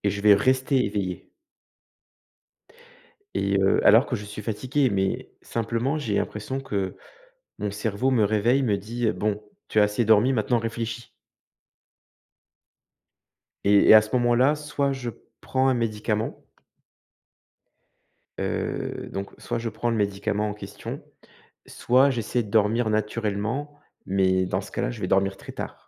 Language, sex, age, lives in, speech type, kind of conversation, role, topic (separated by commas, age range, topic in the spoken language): French, male, 35-39, France, conversation, advice, user, Comment décririez-vous votre anxiété liée au sommeil ou votre peur de ne pas pouvoir dormir ?